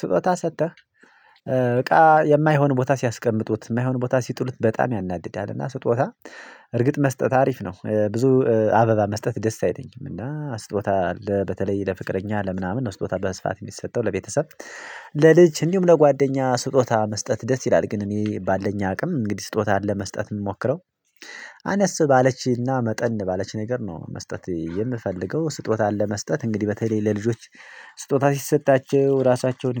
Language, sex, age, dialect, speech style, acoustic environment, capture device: Amharic, male, 25-34, Ethiopia - Addis Ababa, excited, silence, mobile / Linux / Chrome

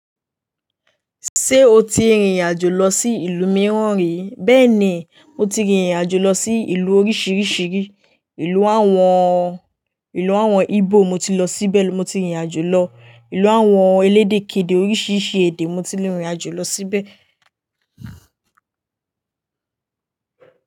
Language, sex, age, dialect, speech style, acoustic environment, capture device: Yoruba, female, 18-24, Nigeria - Lagos Yoruba, happy, nature, mobile / Linux / Chrome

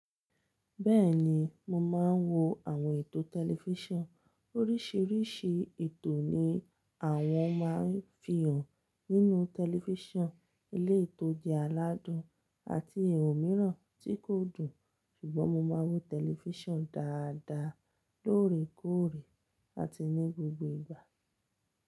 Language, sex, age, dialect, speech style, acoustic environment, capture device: Yoruba, female, 25-34, Nigeria - Lagos Yoruba, relaxed, silence; nature, mobile / Linux / Chrome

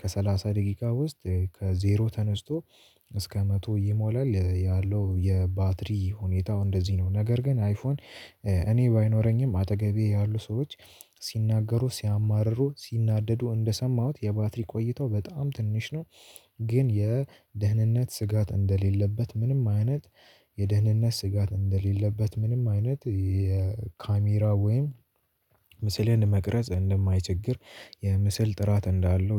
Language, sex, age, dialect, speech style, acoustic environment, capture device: Amharic, male, 18-24, Ethiopia - Addis Ababa, tired; nervous; excited, silence; nature; music, mobile / Linux / Chrome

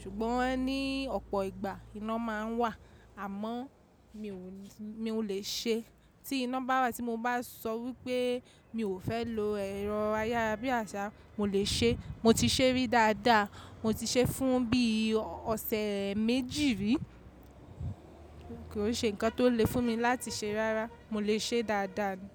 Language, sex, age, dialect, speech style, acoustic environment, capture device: Yoruba, female, 25-34, Nigeria - Lagos Yoruba, relaxed, nature, mobile / macOS / Safari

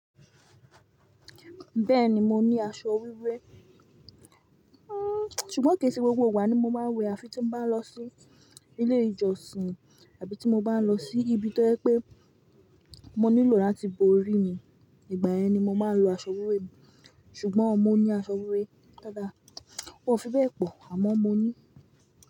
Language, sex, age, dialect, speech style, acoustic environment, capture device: Yoruba, female, 25-34, Nigeria - Ibadan, happy; stressed, silence, mobile / Linux / Chrome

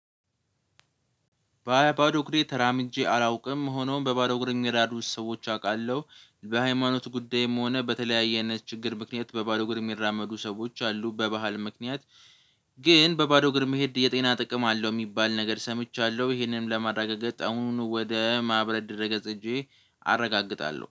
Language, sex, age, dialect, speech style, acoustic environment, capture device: Amharic, male, 18-24, Ethiopia - Addis Ababa, relaxed, nature, mobile / Linux / Chrome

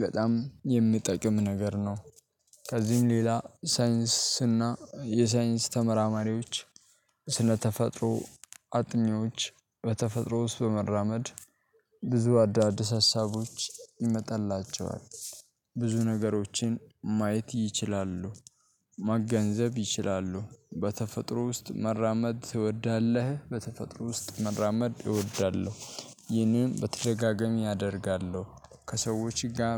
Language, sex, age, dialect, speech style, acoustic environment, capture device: Amharic, male, 18-24, Ethiopia - Addis Ababa, happy, nature, mobile / Linux / Chrome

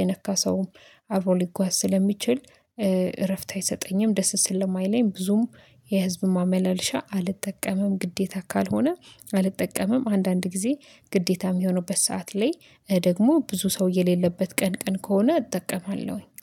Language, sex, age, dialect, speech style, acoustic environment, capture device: Amharic, female, 25-34, Ethiopia - Addis Ababa, excited; happy, silence, mobile / Linux / Chrome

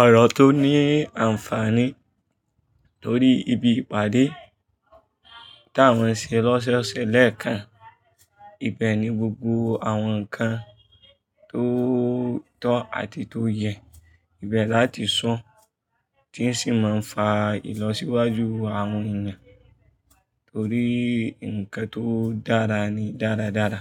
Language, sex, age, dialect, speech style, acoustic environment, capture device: Yoruba, male, 25-34, Nigeria - Kano, focused, people talking; traffic; music, mobile / Linux / Chrome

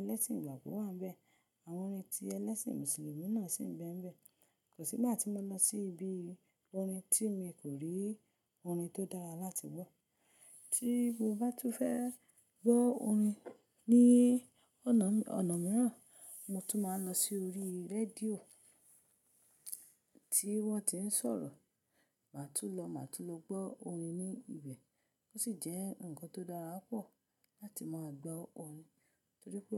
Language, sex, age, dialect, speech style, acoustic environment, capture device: Yoruba, female, 25-34, Nigeria - Ibadan, happy; relaxed; focused; excited, silence, mobile / Linux / Chrome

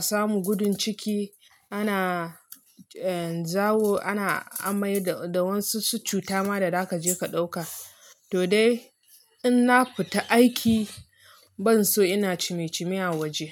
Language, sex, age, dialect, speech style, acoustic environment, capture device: Hausa, female, 25-34, Nigeria - Nigerian Standard, relaxed, music; people talking, mobile / Linux / Chrome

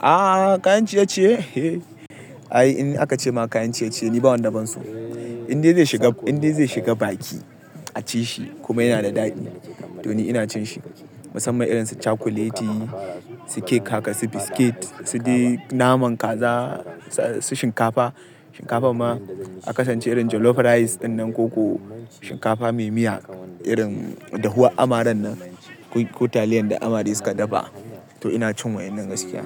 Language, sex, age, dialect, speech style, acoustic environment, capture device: Hausa, male, 18-24, Nigeria - Kano, happy, people talking, mobile / Linux / Chrome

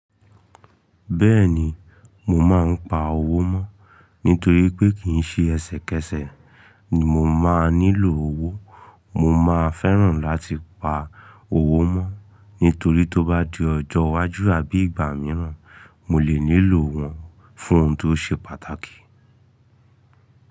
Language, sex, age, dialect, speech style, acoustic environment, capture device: Yoruba, male, 25-34, Nigeria - Ibadan, excited, silence, mobile / Linux / Chrome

